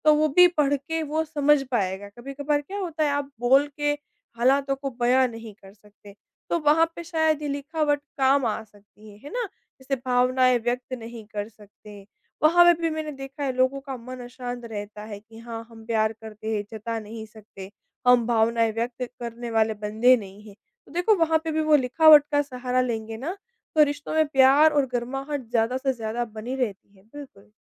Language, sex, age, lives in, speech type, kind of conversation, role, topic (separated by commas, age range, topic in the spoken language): Hindi, female, 25-29, India, conversation, podcast, guest, मन को शांत रखने के लिए एक रोज़ाना कौन-सी सरल तकनीक अपनाई जा सकती है?